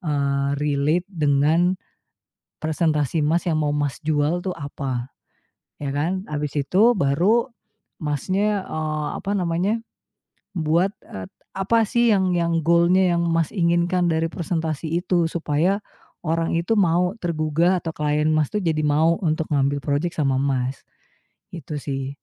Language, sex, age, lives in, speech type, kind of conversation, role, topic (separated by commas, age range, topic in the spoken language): Indonesian, female, 35-39, Indonesia, conversation, advice, advisor, Bagaimana cara mengatasi kecemasan sebelum presentasi penting di depan banyak orang?